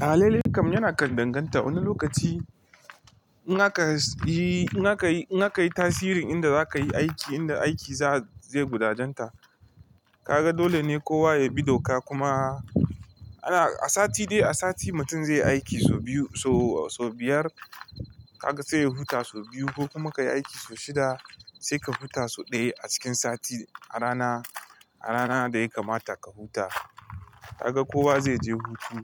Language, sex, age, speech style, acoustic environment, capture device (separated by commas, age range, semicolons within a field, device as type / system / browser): Hausa, male, 25-34, relaxed; focused, nature, mobile / Linux / Chrome